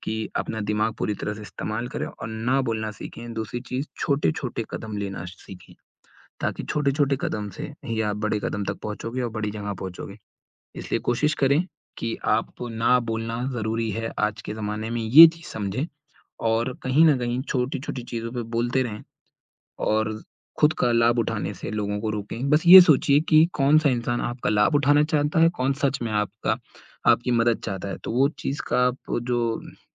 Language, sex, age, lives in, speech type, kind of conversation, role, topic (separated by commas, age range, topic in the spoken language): Hindi, male, 20-24, India, conversation, advice, advisor, आपको ‘ना’ कहना मुश्किल क्यों लगता है और किन हालातों में आपकी सीमाएँ टूट जाती हैं?